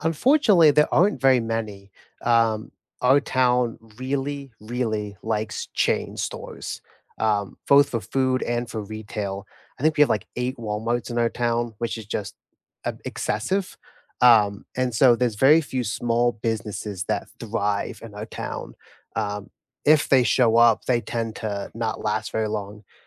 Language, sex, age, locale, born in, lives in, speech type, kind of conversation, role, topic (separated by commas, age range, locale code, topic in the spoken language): English, male, 25-29, en-US, United States, United States, conversation, unstructured, none, What local spots do you recommend to friends who are visiting?